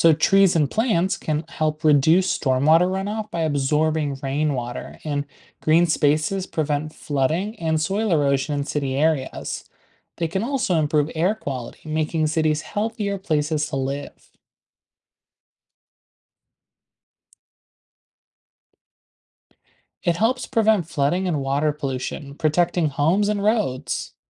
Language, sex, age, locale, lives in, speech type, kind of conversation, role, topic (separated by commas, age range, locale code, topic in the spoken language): English, male, 20-24, en-US, United States, read, expert-assistant, expert, What are the benefits of urban greenery in cities?